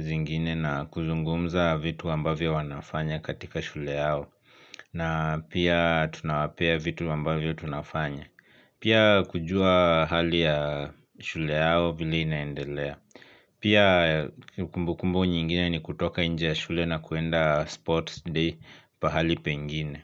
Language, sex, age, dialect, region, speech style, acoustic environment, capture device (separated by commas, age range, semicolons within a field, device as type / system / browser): Swahili, male, 25-34, Kenya - Nairobi Sheng-influenced, Kenya, happy, silence, mobile / Linux / Chrome